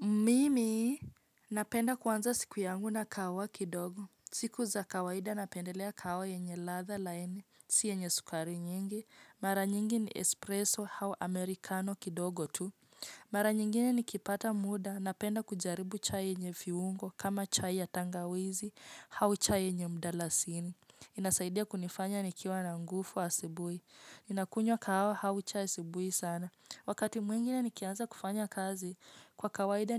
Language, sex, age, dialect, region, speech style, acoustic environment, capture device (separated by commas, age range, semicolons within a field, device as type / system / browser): Swahili, female, 25-34, Kenya - Nairobi Sheng-influenced, Kenya, relaxed, nature; silence, mobile / Linux / Chrome